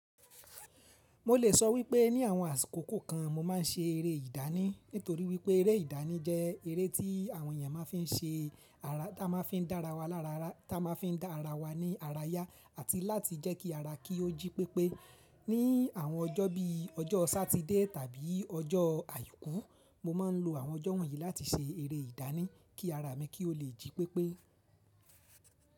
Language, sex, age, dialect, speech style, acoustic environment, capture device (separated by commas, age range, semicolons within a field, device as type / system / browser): Yoruba, male, 25-34, Nigeria - Ibadan, relaxed; excited; focused, people talking; nature; appliances, mobile / Linux / Chrome